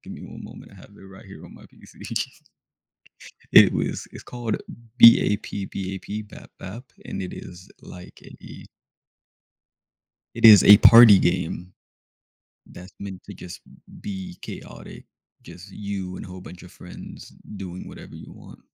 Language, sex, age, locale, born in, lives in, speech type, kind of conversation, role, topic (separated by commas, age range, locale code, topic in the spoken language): English, male, 20-24, en-US, United States, United States, conversation, unstructured, none, How can playing video games help people learn about different cultures and perspectives?